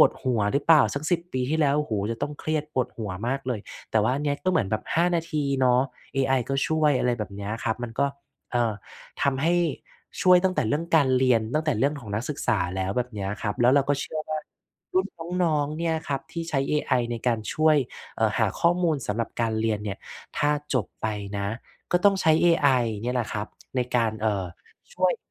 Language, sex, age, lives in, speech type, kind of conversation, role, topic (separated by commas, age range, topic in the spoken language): Thai, male, 35-39, Thailand, conversation, podcast, guest, คุณใช้เอไอช่วยงานในชีวิตประจำวันอย่างไรบ้าง?